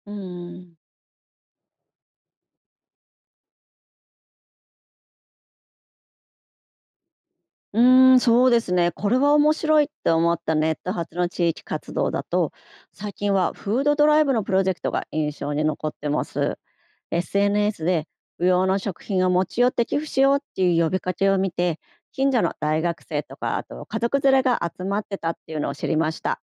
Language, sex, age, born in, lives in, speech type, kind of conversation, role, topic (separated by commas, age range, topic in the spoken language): Japanese, female, 50-54, Japan, Japan, read, expert-assistant, asker, 他の国の人たちはどうやって政治に関わっているの？日常でできることがあれば教えて。